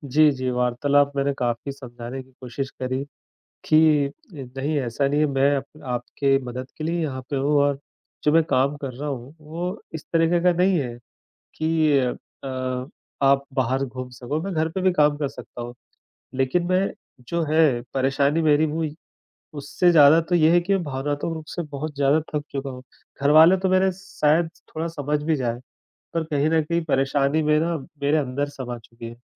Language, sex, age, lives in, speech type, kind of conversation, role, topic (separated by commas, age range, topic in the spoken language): Hindi, male, 35-39, India, conversation, advice, user, परिवार की देखभाल करते-करते क्या आप भावनात्मक थकान और चिड़चिड़ापन महसूस कर रहे हैं?